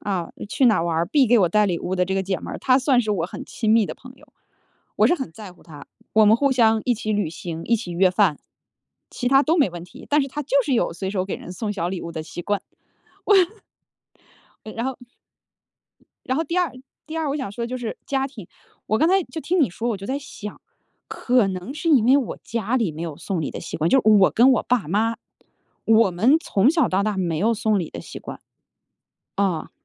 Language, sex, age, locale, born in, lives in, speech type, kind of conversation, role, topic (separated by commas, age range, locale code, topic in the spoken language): Chinese, female, 35-39, zh-CN, China, United States, conversation, advice, user, 怎样挑选一个合适又有意义的礼物？